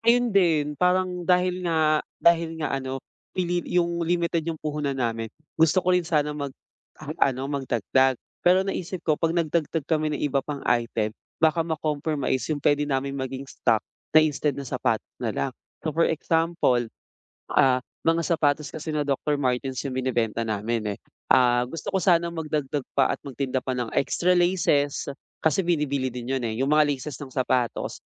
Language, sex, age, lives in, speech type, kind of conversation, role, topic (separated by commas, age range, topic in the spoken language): Filipino, male, 25-29, Philippines, conversation, advice, user, Paano ko pamamahalaan ang negosyo kung limitado lamang ang puhunan ko?